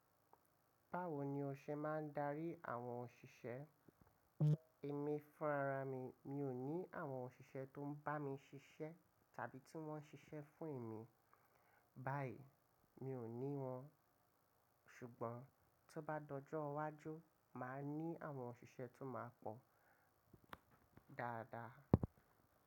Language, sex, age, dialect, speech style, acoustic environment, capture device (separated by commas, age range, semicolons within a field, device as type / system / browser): Yoruba, male, 25-34, Nigeria - Lagos Yoruba, relaxed, nature, mobile / macOS / Safari